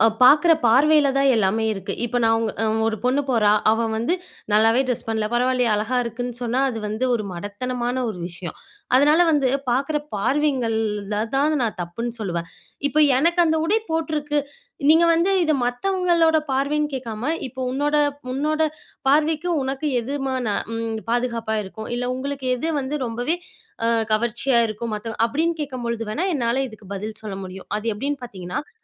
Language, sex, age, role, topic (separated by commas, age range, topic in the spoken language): Tamil, female, 25-29, guest, உங்கள் உடை உங்கள் மனநிலையை எப்படிப் பாதிக்கிறது?